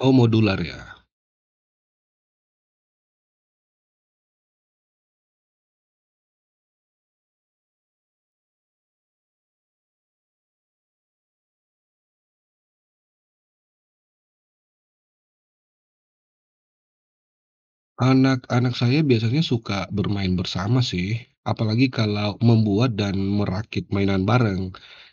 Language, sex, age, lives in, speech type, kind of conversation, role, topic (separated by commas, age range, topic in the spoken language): Indonesian, male, 35-39, Indonesia, read, expert-assistant, asker, Bagaimana membuat mainan edukatif dari barang bekas di rumah?